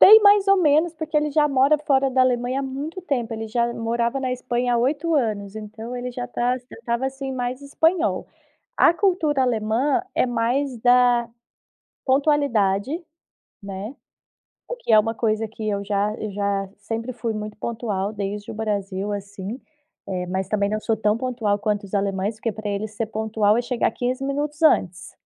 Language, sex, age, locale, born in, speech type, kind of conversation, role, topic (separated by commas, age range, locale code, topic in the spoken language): Portuguese, female, 30-34, pt-BR, Brazil, conversation, podcast, guest, O que você aprendeu ao viver entre culturas diferentes?